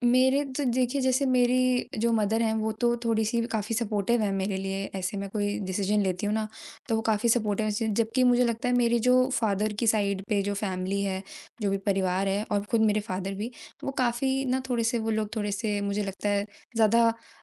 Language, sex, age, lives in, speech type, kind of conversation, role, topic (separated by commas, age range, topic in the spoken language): Hindi, female, 20-24, India, conversation, podcast, guest, आपने ‘ना’ कहना कैसे सीखा?